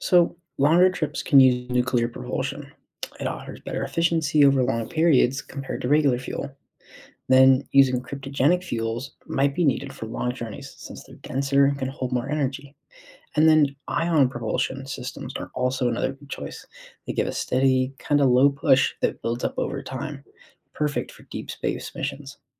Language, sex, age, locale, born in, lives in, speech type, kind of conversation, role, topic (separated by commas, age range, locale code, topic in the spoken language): English, male, 20-24, en-US, United States, United States, read, expert-assistant, expert, How do rockets launch into space?